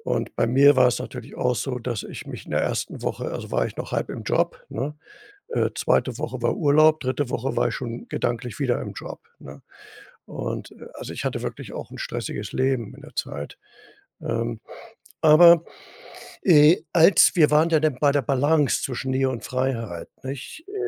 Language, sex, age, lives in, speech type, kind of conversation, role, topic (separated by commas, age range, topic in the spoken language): German, male, 65-69, Germany, conversation, podcast, guest, Wie findet man eine gute Balance zwischen Nähe und Freiheit?